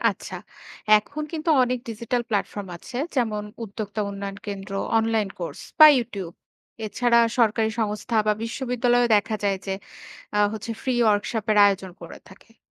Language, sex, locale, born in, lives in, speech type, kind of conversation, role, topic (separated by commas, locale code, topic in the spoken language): Bengali, female, bn-BD, Bangladesh, Bangladesh, read, expert-assistant, expert, আপনার এলাকায় নতুন ব্যবসা বা চাকরির সুযোগ বাড়াতে কোন ক্ষেত্রগুলোকে আগে গুরুত্ব দেওয়া উচিত বলে মনে করেন?